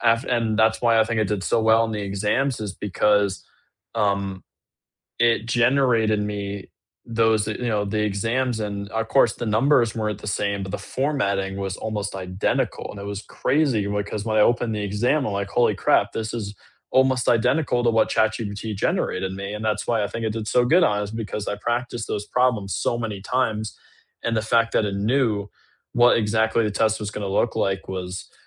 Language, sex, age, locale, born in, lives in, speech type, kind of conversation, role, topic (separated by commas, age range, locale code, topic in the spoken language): English, male, 18-19, en-US, United States, United States, conversation, unstructured, none, How has technology changed the way people learn?